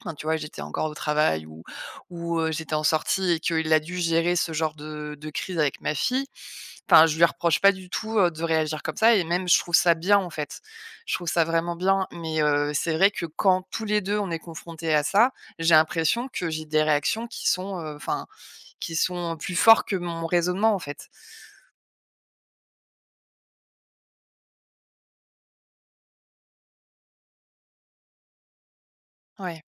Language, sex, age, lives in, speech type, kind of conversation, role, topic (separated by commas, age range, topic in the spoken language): French, female, 35-39, France, conversation, advice, user, Comment commencer à présenter un front uni devant vos enfants ?